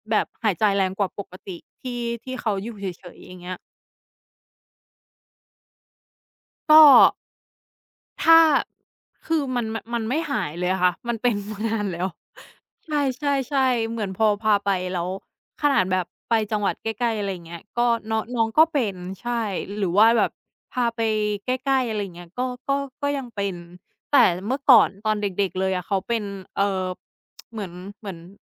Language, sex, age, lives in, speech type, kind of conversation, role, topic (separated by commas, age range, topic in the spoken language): Thai, female, 35-39, Thailand, conversation, unstructured, none, คุณเคยมีสัตว์เลี้ยงทำอะไรที่ทำให้คุณประทับใจไหม?